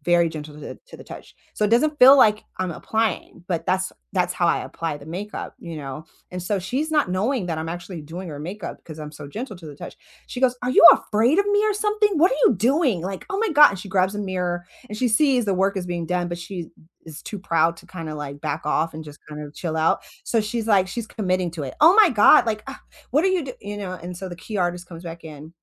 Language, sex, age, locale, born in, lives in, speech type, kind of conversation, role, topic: English, female, 40-44, en-US, United States, United States, conversation, unstructured, none, What’s a moment at work that made you really proud?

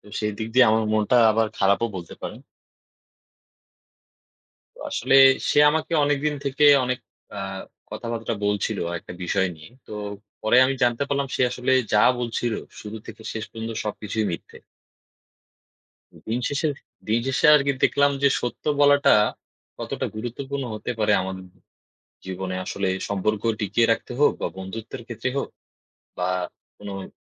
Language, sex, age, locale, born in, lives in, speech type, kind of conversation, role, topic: Bengali, male, 20-24, bn-BD, Bangladesh, Bangladesh, conversation, unstructured, none, আপনি কেন মনে করেন, সত্য বলা গুরুত্বপূর্ণ?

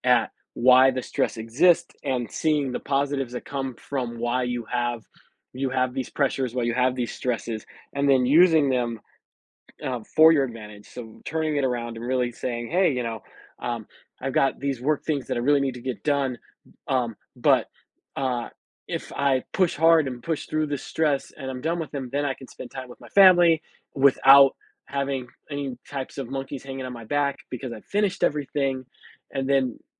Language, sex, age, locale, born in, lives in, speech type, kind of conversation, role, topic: English, male, 40-44, en-US, United States, United States, conversation, advice, user, How can I manage stress while balancing work and family?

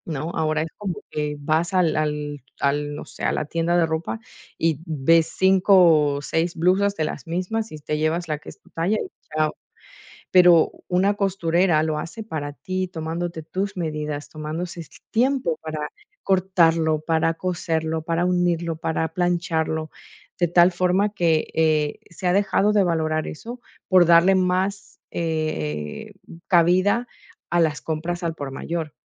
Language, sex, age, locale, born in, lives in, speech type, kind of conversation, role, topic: Spanish, female, 40-44, es-EC, Ecuador, Netherlands, conversation, podcast, guest, ¿Qué consejo le darías a alguien que quiere simplificar su armario?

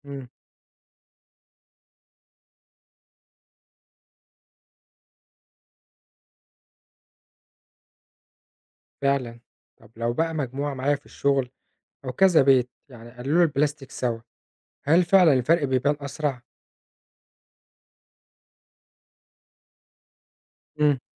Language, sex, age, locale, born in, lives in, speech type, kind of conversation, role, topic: Arabic, male, 25-29, ar-EG, Egypt, Egypt, read, expert-assistant, asker, إزاي أختار عادات في حياتي اليومية تكون فعلاً ليها تأثير إيجابي على المناخ؟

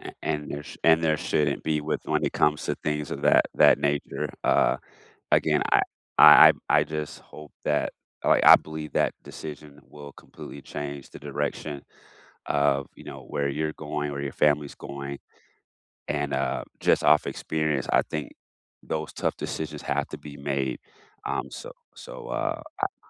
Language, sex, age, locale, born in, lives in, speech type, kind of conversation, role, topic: English, male, 35-39, en-US, United States, United States, conversation, unstructured, none, Why is it important to forgive someone?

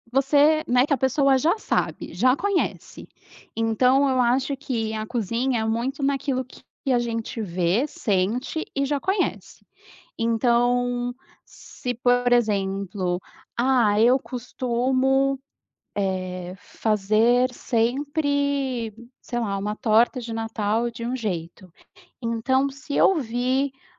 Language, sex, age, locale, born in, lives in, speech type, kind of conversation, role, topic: Portuguese, female, 35-39, pt-BR, Brazil, Portugal, conversation, podcast, guest, Como você ensina essa receita para alguém que nunca cozinhou?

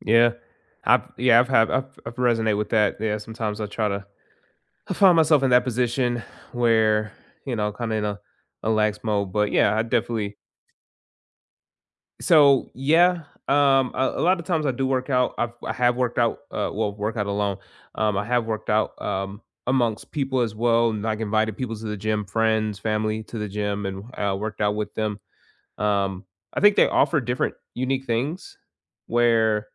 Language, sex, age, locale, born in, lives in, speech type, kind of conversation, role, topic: English, male, 25-29, en-US, United States, United States, conversation, unstructured, none, Which kind of exercise or movement fits your life best right now, and how could it help you connect with others?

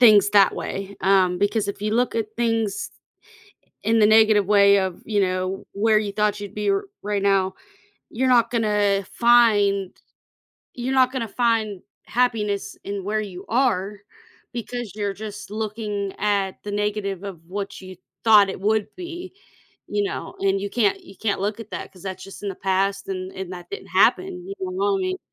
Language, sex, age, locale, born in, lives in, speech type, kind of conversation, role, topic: English, female, 35-39, en-US, United States, United States, conversation, advice, advisor, How can I find more meaning and purpose in my everyday life?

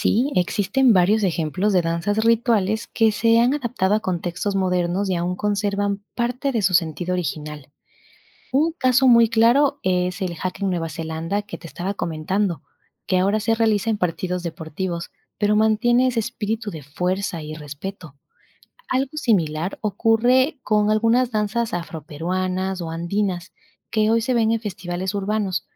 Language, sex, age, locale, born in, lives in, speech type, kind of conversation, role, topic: Spanish, female, 25-29, es-EC, Ecuador, Spain, read, expert-assistant, expert, ¿Por qué la danza tradicional sigue uniendo a personas y culturas hoy en día?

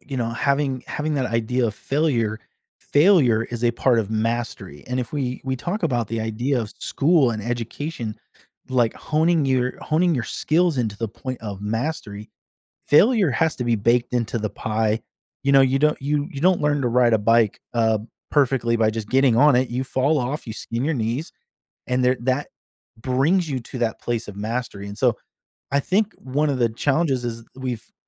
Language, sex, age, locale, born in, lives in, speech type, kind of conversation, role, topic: English, male, 40-44, en-US, United States, United States, conversation, podcast, guest, Why is experiencing failure important for learning and personal growth in school?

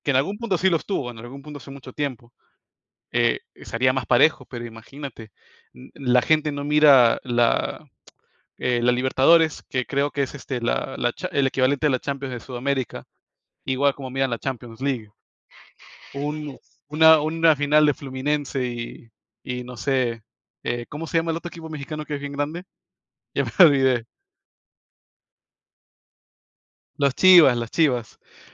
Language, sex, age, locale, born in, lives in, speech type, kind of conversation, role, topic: Spanish, male, 25-29, es-PE, United States, United States, conversation, unstructured, none, ¿Es justo que algunos deportes paguen mejor a sus atletas?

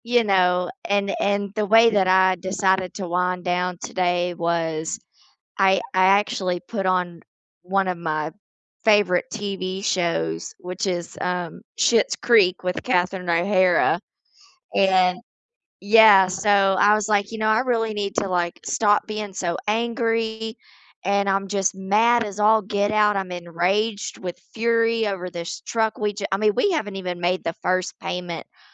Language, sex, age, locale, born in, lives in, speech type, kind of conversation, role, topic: English, female, 35-39, en-US, United States, United States, conversation, unstructured, none, How do hobbies help you relax after a stressful day?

